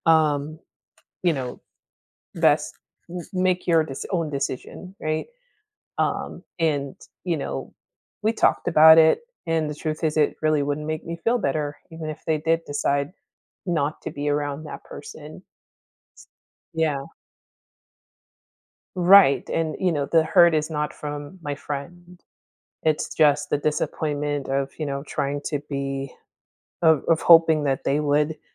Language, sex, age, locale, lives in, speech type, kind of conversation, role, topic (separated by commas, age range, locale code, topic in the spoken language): English, female, 30-34, en-US, United States, conversation, advice, user, How can I cope with frustration when my healing is slower than expected?